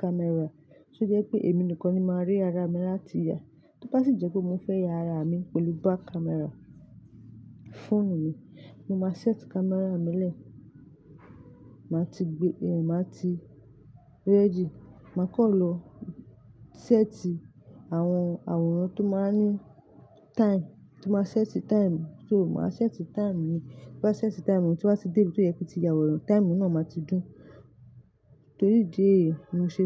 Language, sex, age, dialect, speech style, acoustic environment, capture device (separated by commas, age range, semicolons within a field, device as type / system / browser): Yoruba, female, 25-34, Nigeria - Lagos Yoruba, focused, nature; traffic, mobile / Linux / Chrome